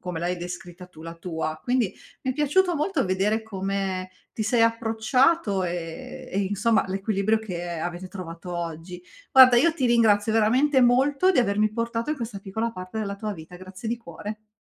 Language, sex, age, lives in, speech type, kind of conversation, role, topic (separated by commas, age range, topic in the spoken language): Italian, female, 40-44, Italy, conversation, podcast, host, Come organizzi lo spazio in casa per lavorare da remoto senza invadere la vita privata?